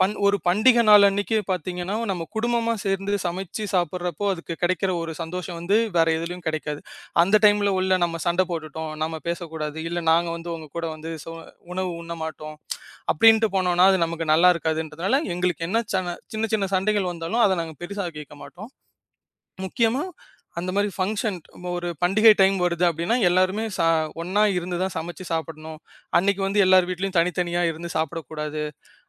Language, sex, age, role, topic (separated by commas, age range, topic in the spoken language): Tamil, male, 25-29, guest, பண்டிகையை நினைவில் நிறுத்தும் அளவுக்கு சுவையான உணவுகளை எப்படி தயார் செய்வது?